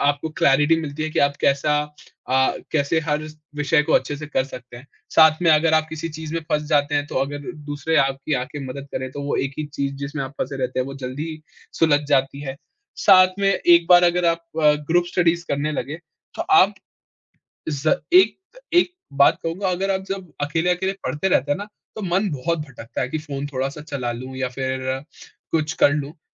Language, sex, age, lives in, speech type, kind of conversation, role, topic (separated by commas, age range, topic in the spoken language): Hindi, male, 20-24, India, conversation, podcast, guest, पढ़ाई को मज़ेदार बनाने के कौन-कौन से तरीके हो सकते हैं?